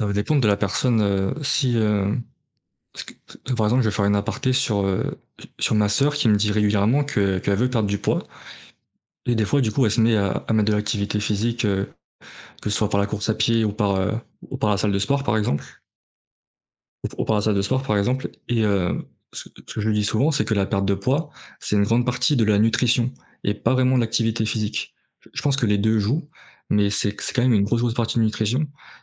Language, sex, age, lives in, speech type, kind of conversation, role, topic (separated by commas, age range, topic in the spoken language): French, male, 20-24, France, conversation, unstructured, none, Comment peux-tu encourager quelqu’un à commencer une activité physique ?